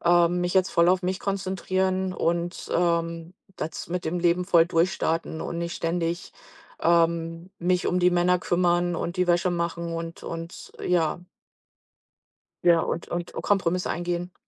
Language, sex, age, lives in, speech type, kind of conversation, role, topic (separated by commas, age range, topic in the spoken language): German, female, 45-49, United States, conversation, podcast, guest, Wie kann man Vertrauen zwischen Partnern aufbauen?